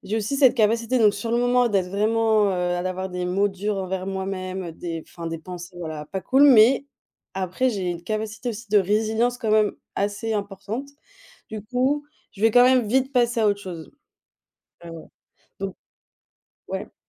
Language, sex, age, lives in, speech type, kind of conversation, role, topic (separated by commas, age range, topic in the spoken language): French, female, 25-29, Germany, conversation, podcast, guest, Comment gères-tu le sentiment d’échec quand une expérimentation échoue ?